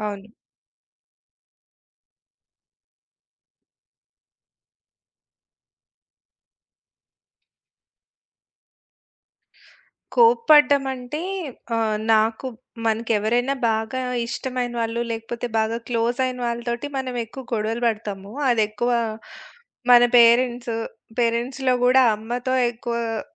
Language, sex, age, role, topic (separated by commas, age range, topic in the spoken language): Telugu, female, 40-44, guest, తప్పులు చేసినప్పుడు మీరు మీతో ఎలా మాట్లాడతారు?